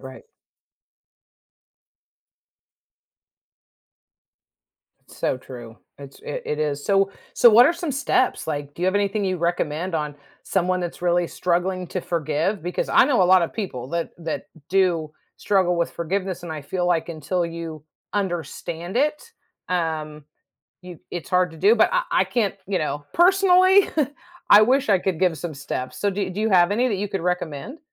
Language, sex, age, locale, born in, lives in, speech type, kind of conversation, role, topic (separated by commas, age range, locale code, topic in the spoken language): English, female, 50-54, en-US, United States, United States, conversation, podcast, host, How can forgiveness shape our relationships and personal growth?